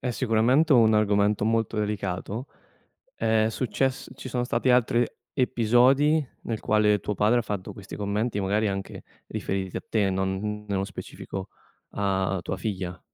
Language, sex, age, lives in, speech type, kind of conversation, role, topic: Italian, male, 25-29, Italy, conversation, advice, advisor, Come posso dire a un familiare che una sua battuta mi ha ferito?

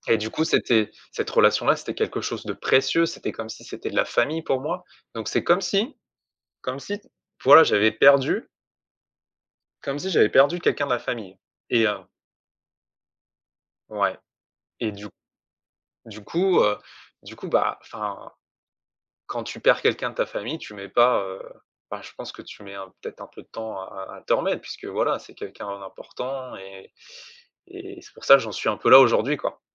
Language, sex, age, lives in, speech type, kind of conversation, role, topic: French, male, 35-39, France, conversation, advice, user, Comment surmonter une rupture récente difficile ?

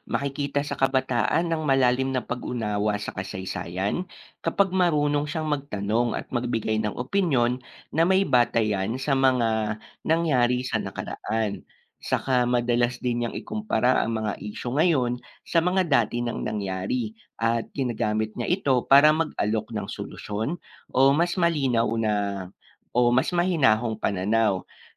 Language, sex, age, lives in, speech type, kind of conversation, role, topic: Filipino, male, 25-29, Philippines, read, expert-assistant, expert, Bakit mahalaga ang pag-aaral ng kasaysayan sa kabataan?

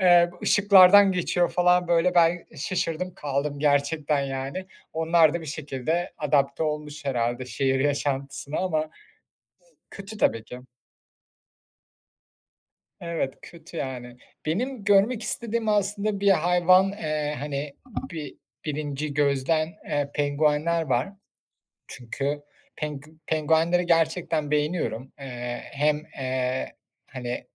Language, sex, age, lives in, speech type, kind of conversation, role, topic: Turkish, male, 30-34, Germany, conversation, unstructured, none, Doğada en çok görmek istediğin hayvan hangisi?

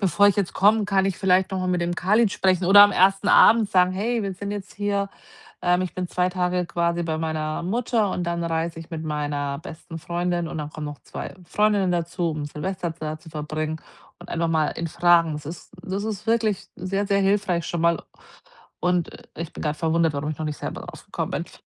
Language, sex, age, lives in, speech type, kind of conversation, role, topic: German, female, 40-44, Germany, conversation, advice, user, Wie kann ich neue Orte erkunden, ohne mich überfordert zu fühlen?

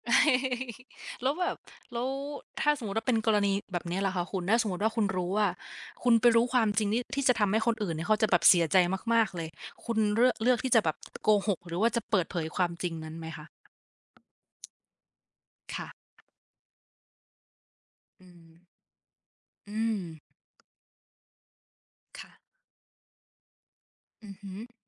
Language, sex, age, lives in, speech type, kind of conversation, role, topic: Thai, female, 25-29, Thailand, conversation, unstructured, none, ในสถานการณ์แบบไหนที่คุณคิดว่าการโกหกเป็นเรื่องที่ยอมรับได้?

